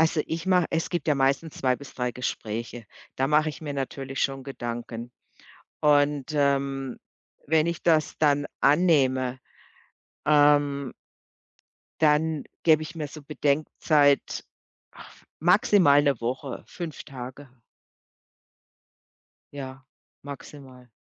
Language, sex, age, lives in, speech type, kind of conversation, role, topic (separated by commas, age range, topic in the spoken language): German, female, 55-59, Germany, conversation, podcast, guest, Wie triffst du deine Entscheidung, wenn du zwischen zwei Jobangeboten wählen musst?